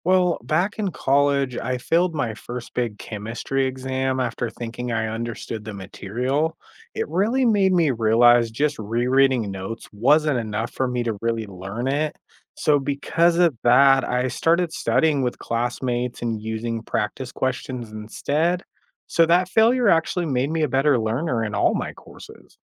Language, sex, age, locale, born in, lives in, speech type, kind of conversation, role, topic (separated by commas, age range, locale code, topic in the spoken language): English, male, 35-39, en-US, United States, United States, read, expert-assistant, asker, Why is failure important for personal growth?